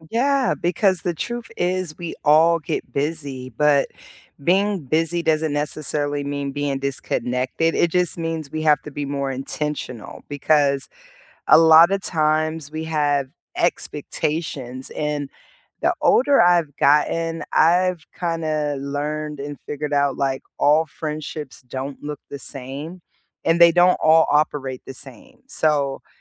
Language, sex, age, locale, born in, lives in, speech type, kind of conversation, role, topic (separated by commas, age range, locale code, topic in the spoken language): English, female, 40-44, en-US, United States, United States, conversation, unstructured, none, How do you keep friendships strong when life gets busy, and what simple habits help you stay connected?